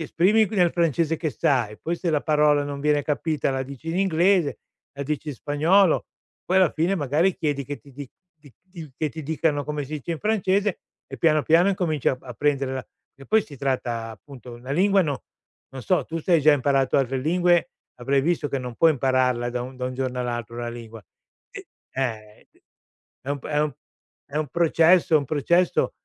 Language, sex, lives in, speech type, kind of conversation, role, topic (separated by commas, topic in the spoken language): Italian, male, Italy, conversation, advice, advisor, Perché fai fatica a fare nuove amicizie?